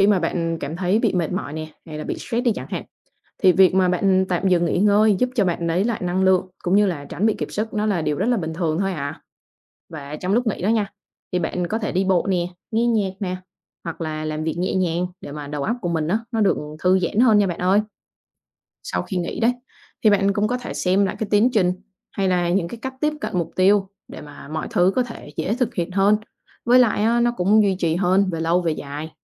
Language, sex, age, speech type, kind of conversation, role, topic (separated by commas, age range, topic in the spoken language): Vietnamese, female, 25-29, read, expert-assistant, expert, Những lợi ích của việc đặt mục tiêu rõ ràng là gì?